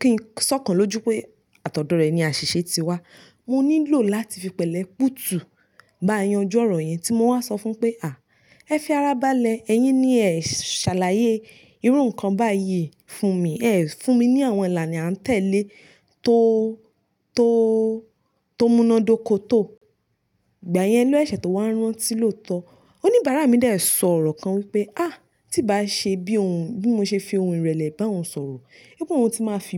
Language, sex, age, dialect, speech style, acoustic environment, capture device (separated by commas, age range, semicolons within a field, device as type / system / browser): Yoruba, female, 25-34, Nigeria - Nigerian Standard, relaxed, people talking, mobile / Linux / Chrome